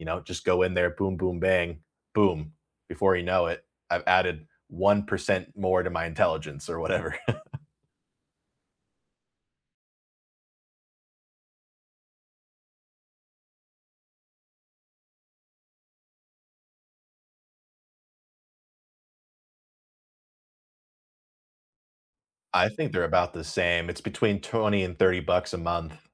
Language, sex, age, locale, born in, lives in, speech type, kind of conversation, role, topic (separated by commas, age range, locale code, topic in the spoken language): English, male, 30-34, en-US, United States, United States, conversation, unstructured, none, Which classroom technology tools and apps have genuinely made teaching or learning easier for you, and how?